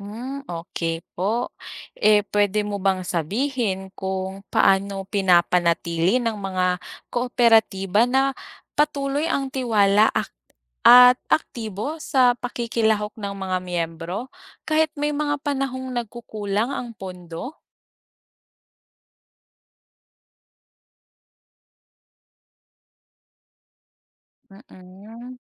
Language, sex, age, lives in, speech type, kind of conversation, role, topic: Filipino, female, 25-29, Philippines, read, expert-assistant, asker, Paano napapabuti ng mga kooperatiba ang kabuhayan ng mga miyembro nito?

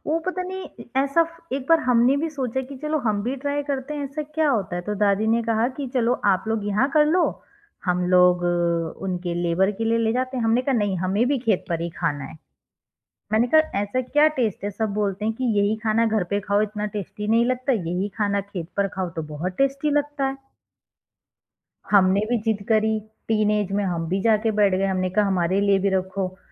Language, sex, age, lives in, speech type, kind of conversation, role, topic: Hindi, female, 30-34, India, conversation, podcast, guest, Kya comfort food sirf taste ke liye hota hai, ya uske saath emotions bhi jud jaate hain?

